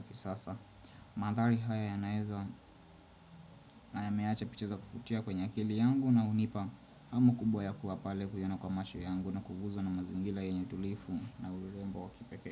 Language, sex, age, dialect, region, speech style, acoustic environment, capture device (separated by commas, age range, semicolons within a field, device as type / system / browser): Swahili, male, 25-34, Kenya - Nairobi Sheng-influenced, Kenya, relaxed, silence, desktop / Windows / Chrome